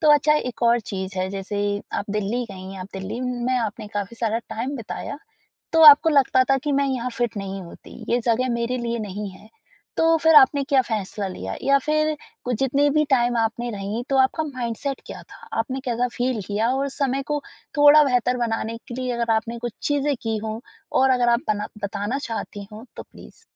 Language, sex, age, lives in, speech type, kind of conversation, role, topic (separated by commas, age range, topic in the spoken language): Hindi, female, 20-24, India, conversation, podcast, host, Kis pal tumhe laga ki main yahan ka hissa hoon?